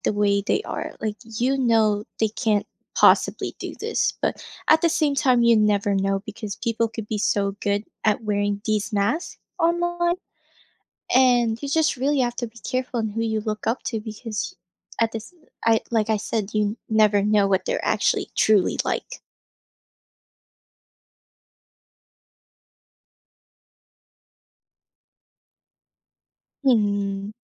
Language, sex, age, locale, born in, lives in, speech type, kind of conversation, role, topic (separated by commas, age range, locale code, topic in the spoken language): English, female, 20-24, en-US, Philippines, United States, conversation, podcast, host, What does it take to inspire others and set a positive example in everyday life?